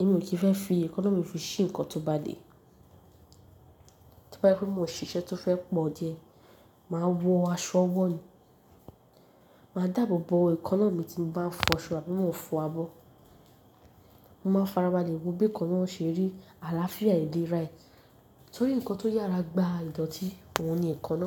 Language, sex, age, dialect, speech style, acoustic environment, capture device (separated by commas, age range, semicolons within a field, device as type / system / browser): Yoruba, female, 18-24, Nigeria - Nigerian Standard, happy; nervous; excited, people talking; nature, mobile / Linux / Chrome